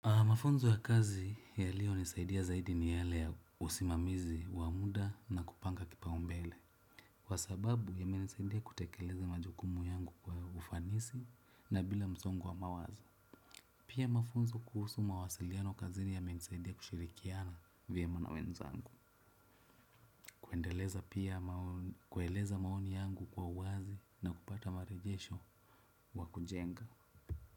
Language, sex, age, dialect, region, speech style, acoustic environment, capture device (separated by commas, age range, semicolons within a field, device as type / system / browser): Swahili, male, 25-34, Kenya - Nairobi Sheng-influenced, Kenya, relaxed; happy, silence, desktop / Windows / Chrome